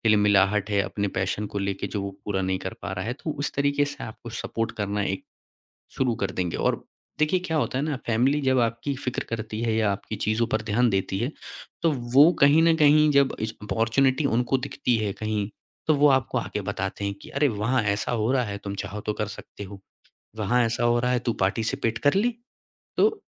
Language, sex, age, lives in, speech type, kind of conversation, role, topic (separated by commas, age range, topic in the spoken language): Hindi, male, 25-29, India, conversation, podcast, guest, Passion aur paise ke beech tum kaise priority decide karte ho?